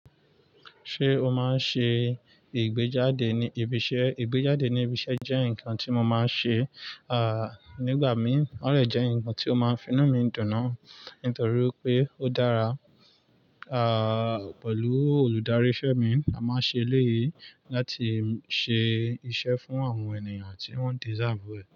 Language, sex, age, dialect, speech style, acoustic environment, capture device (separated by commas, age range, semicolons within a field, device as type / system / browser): Yoruba, male, 25-34, Nigeria - Nigerian Standard, excited, nature, mobile / Linux / Chrome